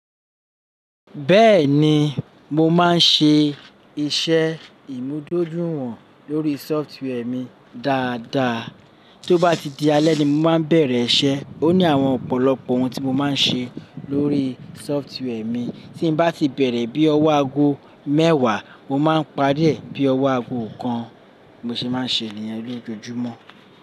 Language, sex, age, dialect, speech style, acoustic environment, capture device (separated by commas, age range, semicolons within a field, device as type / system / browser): Yoruba, male, 35-44, Nigeria - Lagos Yoruba, anxious, people talking, desktop / Linux / Chrome